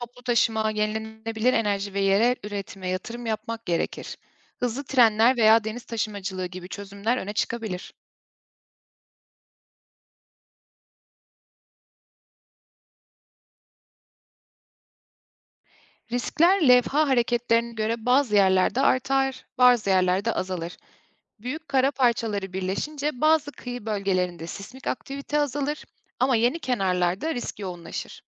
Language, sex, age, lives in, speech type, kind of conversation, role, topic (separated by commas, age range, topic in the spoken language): Turkish, female, 30-34, Germany, read, expert-assistant, expert, Eğer dünyadaki tüm kıtalar tek bir süper kıta hâline yeniden birleşseydi, jeolojik ve günlük hayatımızda ne gibi değişiklikler olurdu?